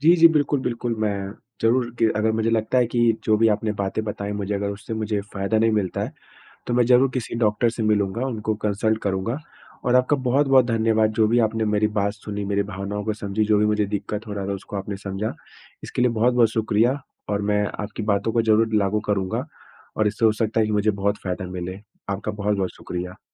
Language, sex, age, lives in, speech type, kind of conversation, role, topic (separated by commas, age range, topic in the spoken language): Hindi, male, 25-29, India, conversation, advice, user, रात में बार-बार जागने और सुबह थका हुआ महसूस होने का क्या कारण हो सकता है?